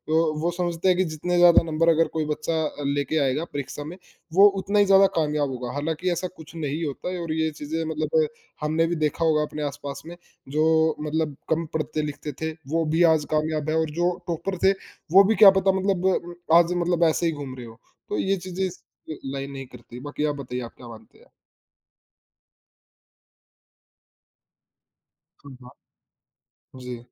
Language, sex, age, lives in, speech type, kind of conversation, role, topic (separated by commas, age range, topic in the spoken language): Hindi, male, 20-24, India, conversation, unstructured, none, क्या पढ़ाई का दबाव बच्चों को परेशान करता है?